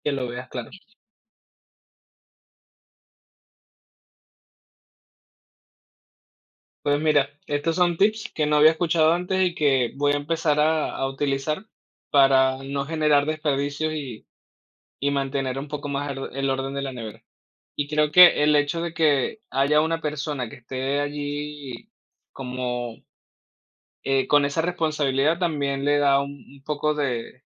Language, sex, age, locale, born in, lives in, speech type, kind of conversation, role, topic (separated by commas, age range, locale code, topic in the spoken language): Spanish, male, 30-34, es-VE, Venezuela, Spain, conversation, podcast, host, ¿Qué haces para mantener la nevera organizada y evitar el desperdicio de alimentos?